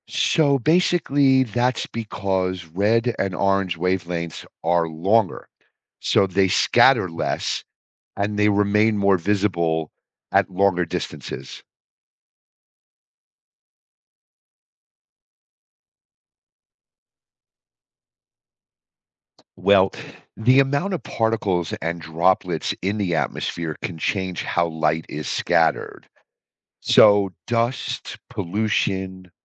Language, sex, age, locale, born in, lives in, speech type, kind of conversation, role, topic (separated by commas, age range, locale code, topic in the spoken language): English, male, 55-59, en-US, United States, United States, read, expert-assistant, expert, What causes the sky to change colors during sunset?